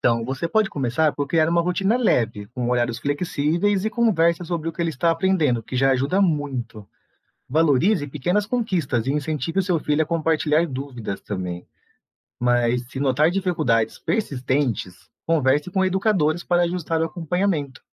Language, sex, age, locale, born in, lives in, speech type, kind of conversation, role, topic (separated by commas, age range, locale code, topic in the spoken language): Portuguese, male, 35-39, pt-BR, Brazil, Portugal, read, expert-assistant, expert, Quais alternativas ao modelo tradicional de escola poderiam ajudar os alunos a aprender mais e melhor?